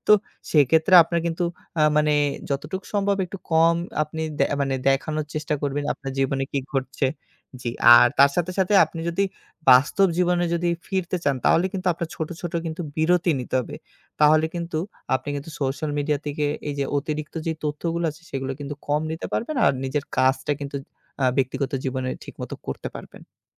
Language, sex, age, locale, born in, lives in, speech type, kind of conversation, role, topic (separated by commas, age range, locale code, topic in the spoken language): Bengali, male, 25-29, bn-BD, Bangladesh, Bangladesh, conversation, podcast, guest, সোশ্যাল মিডিয়ায় অতিরিক্ত তথ্যের চাপ এলে আপনি কীভাবে মনকে স্থির ও সুস্থ রাখেন?